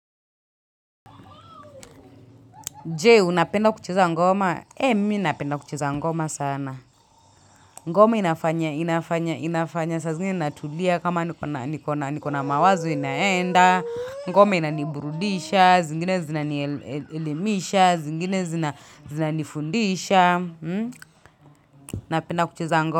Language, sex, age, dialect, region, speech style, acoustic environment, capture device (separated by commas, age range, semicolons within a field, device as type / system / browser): Swahili, female, 25-34, Kenya - Nairobi Sheng-influenced, Kenya, tired, silence, mobile / Linux / Chrome